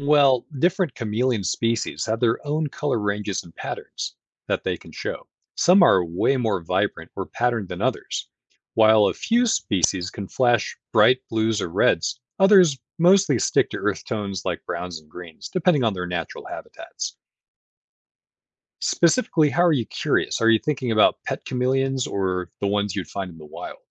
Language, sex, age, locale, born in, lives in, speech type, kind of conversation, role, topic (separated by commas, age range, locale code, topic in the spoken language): English, male, 35-39, en-US, United States, United States, read, expert-assistant, expert, How and why do chameleons and other animals change their color?